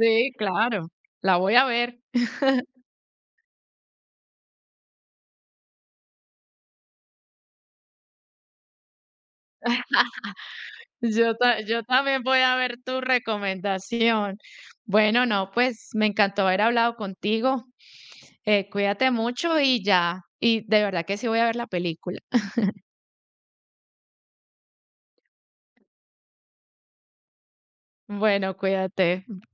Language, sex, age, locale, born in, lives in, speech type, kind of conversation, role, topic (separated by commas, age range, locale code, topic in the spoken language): Spanish, female, 40-44, es-CO, Colombia, United States, conversation, unstructured, none, ¿Cuál es tu película favorita y por qué te gusta tanto?